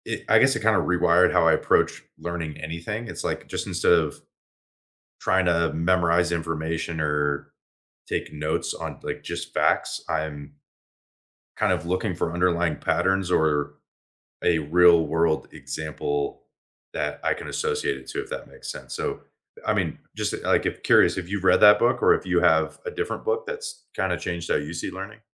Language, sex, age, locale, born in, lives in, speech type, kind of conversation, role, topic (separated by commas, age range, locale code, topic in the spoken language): English, male, 25-29, en-US, United States, United States, conversation, unstructured, none, Which book or lesson changed how you see learning, and what made it stick with you?